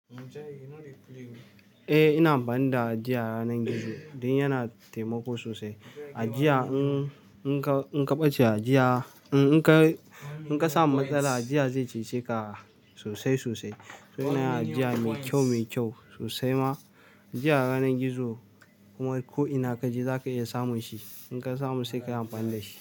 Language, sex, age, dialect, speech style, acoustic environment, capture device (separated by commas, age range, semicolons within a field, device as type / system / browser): Hausa, male, 18-24, Nigeria - Nigerian Standard, relaxed; focused, people talking, mobile / Linux / Chrome